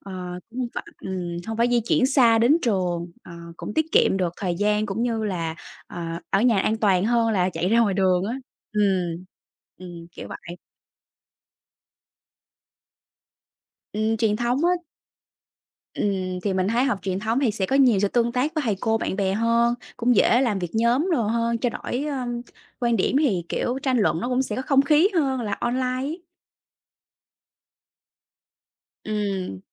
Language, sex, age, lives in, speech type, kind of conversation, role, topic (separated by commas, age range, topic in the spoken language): Vietnamese, female, 25-29, Vietnam, conversation, unstructured, none, Học trực tuyến khác gì so với học truyền thống?